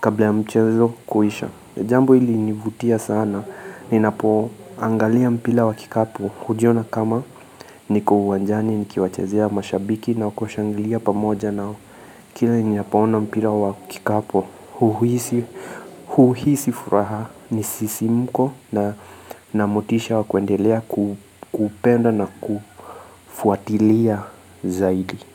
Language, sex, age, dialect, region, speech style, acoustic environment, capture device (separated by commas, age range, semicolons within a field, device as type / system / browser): Swahili, male, 25-34, Kenya - Mombasa, Kenya, focused, appliances, mobile / Linux / Chrome